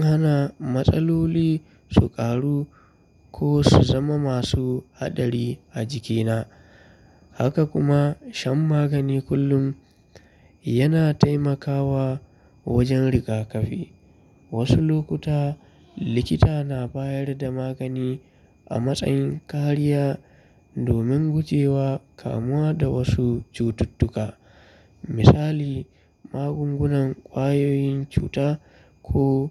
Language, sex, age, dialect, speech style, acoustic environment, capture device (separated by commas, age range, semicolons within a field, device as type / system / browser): Hausa, male, 25-34, Nigeria - Kano, relaxed; focused, people talking, mobile / Linux / Chrome